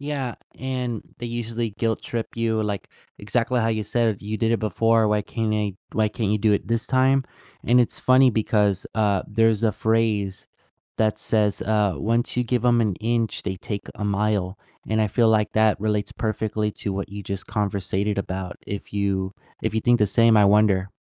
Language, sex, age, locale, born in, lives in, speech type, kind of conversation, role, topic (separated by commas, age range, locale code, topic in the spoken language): English, male, 20-24, en-US, United States, United States, conversation, unstructured, none, When can persuasion become manipulation?